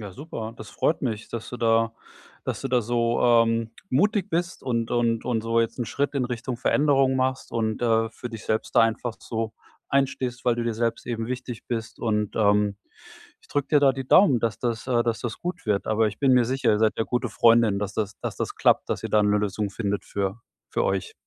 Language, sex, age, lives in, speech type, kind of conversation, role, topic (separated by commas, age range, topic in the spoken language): German, male, 45-49, Germany, conversation, advice, advisor, Wie kann ich trotz eines vollen Tagesplans regelmäßig kurze Bewegungseinheiten in meinen Alltag integrieren?